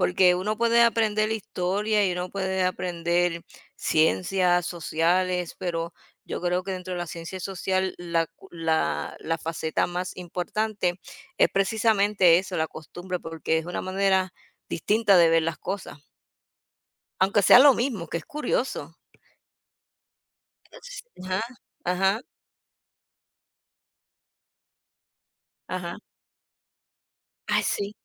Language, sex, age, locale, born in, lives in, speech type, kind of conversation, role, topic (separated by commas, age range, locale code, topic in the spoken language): Spanish, female, 50-54, es-US, United States, United States, conversation, unstructured, none, ¿Conoces alguna costumbre que te parezca curiosa o divertida?